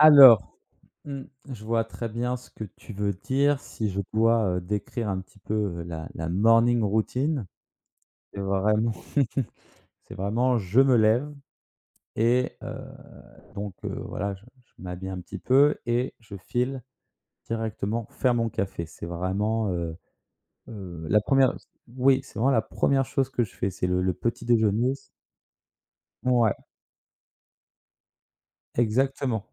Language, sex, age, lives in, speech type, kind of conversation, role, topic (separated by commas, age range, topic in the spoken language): French, male, 25-29, France, conversation, podcast, guest, Quel est ton rituel du matin autour du café ou du thé ?